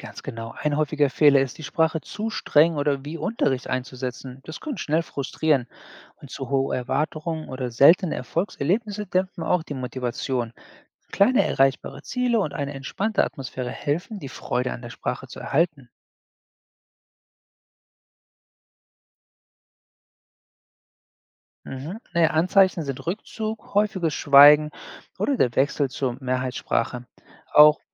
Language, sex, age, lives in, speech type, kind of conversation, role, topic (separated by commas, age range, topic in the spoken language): German, male, 40-44, United States, read, expert-assistant, expert, Kannst du ein Beispiel nennen, wie eine seltene Sprache im Alltag lebendig gehalten wird?